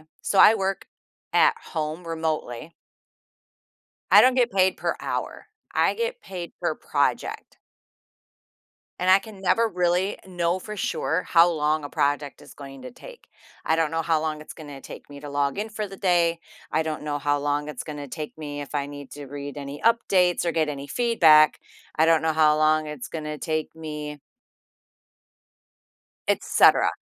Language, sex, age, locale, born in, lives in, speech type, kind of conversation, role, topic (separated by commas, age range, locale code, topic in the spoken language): English, female, 50-54, en-US, United States, United States, conversation, advice, user, How can I balance school, hobbies, and family time?